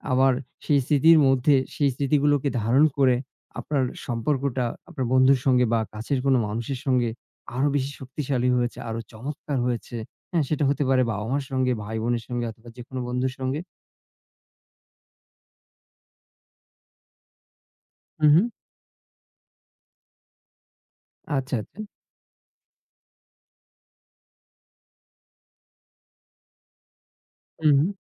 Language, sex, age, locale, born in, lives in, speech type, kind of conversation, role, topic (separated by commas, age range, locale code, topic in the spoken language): Bengali, male, 40-44, bn-BD, Bangladesh, Bangladesh, conversation, unstructured, none, তোমার মতে সম্পর্কের সবচেয়ে মধুর স্মৃতি কোনটি?